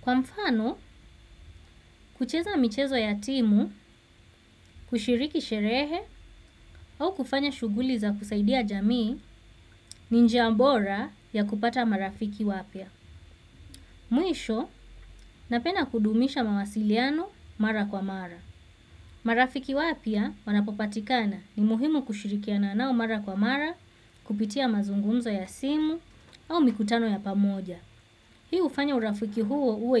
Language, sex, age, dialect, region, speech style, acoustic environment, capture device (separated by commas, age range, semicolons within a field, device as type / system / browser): Swahili, female, 25-34, Kenya - Mombasa, Kenya, focused, silence, desktop / Windows / Chrome